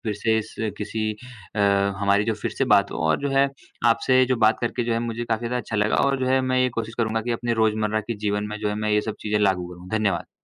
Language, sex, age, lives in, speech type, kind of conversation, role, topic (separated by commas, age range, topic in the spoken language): Hindi, male, 20-24, India, conversation, unstructured, none, क्या जल प्रदूषण हमारे स्वास्थ्य को प्रभावित करता है?